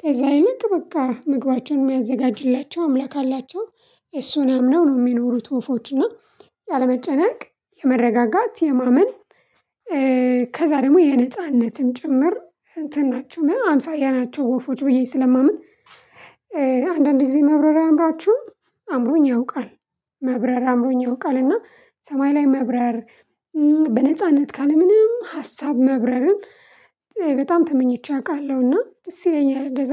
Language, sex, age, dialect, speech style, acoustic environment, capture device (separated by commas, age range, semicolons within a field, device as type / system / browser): Amharic, female, 25-34, Ethiopia - Addis Ababa, excited; relaxed, nature, mobile / Linux / Chrome